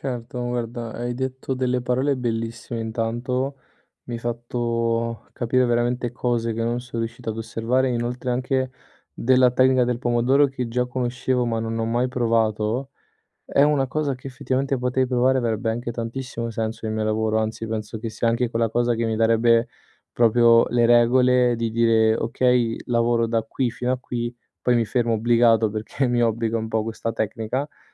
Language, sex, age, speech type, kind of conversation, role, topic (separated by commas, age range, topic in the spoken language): Italian, male, 25-29, conversation, advice, user, Come posso usare i vincoli di tempo per essere più creativo?